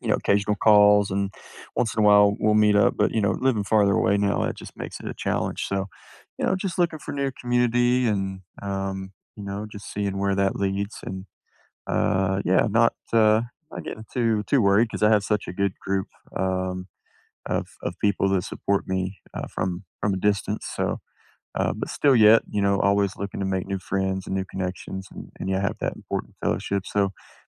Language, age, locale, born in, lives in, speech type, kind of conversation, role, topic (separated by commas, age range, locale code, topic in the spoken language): English, 30-34, en-US, United States, United States, conversation, unstructured, none, What small joy made your week a little better, and why did it matter to you?